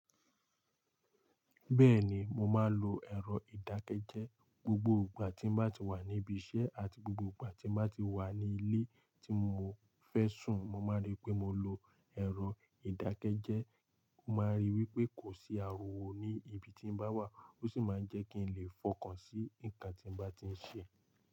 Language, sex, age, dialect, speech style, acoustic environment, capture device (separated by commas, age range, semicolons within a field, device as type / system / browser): Yoruba, male, 18-24, Nigeria - Lagos Yoruba, relaxed, nature, mobile / Linux / Chrome